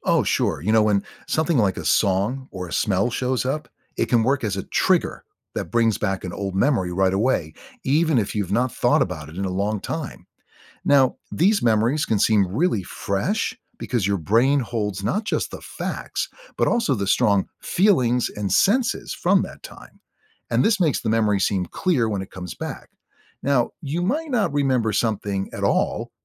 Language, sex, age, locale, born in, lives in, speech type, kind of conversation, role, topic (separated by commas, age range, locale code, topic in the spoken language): English, male, 60-64, en-US, United States, United States, read, expert-assistant, expert, How do memories form and change over time?